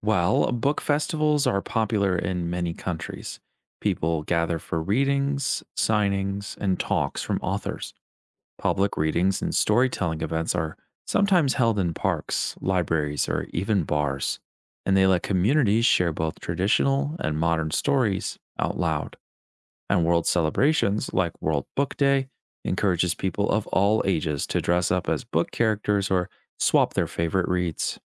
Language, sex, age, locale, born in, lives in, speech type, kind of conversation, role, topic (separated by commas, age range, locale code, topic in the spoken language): English, male, 25-29, en-US, United States, United States, read, expert-assistant, expert, How do cultures worldwide celebrate literature?